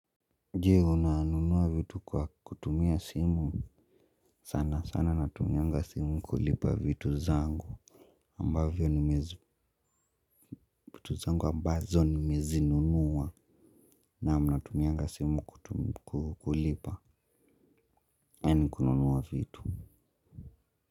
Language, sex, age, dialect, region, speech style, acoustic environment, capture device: Swahili, male, 18-24, Kenya - Nairobi Sheng-influenced, Kenya, happy; tired, people talking; music; traffic; construction; nature, mobile / Linux / Chrome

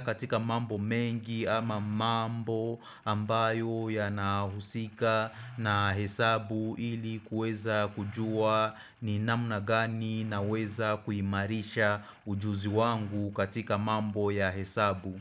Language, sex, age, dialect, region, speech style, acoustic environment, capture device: Swahili, male, 25-34, Kenya - Nairobi Sheng-influenced, Kenya, focused, silence, desktop / Windows / Chrome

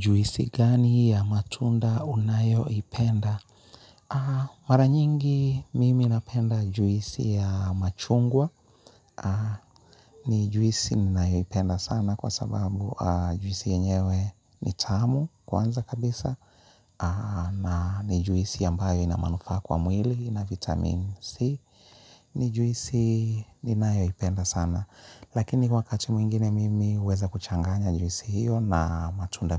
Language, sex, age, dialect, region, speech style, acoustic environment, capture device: Swahili, male, 35-44, Kenya - Nairobi Sheng-influenced, Kenya, happy; relaxed; focused, people talking; music; appliances, mobile / Linux / Chrome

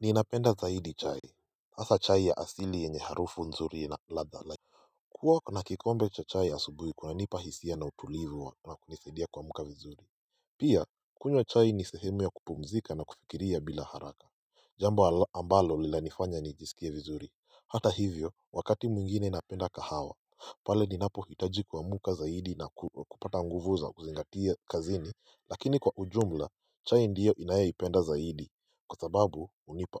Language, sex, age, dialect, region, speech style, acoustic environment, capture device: Swahili, male, 18-24, Kenya - Mombasa, Kenya, focused, silence, mobile / Linux / Chrome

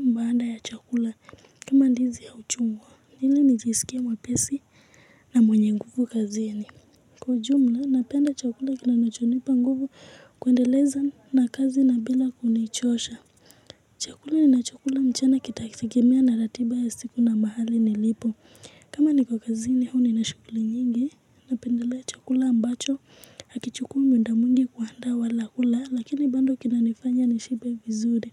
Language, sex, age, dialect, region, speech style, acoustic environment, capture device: Swahili, female, 18-24, Kenya - Nairobi Sheng-influenced, Kenya, excited; focused, music; people talking; nature, mobile / Linux / Chrome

